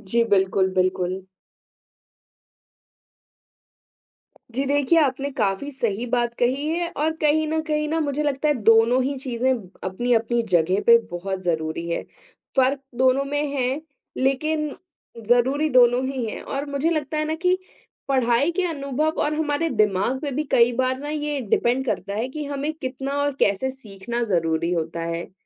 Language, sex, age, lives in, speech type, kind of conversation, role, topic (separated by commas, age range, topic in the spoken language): Hindi, male, 20-24, India, conversation, unstructured, none, शिक्षक से सीखने और खुद से पढ़ने में क्या अंतर होता है?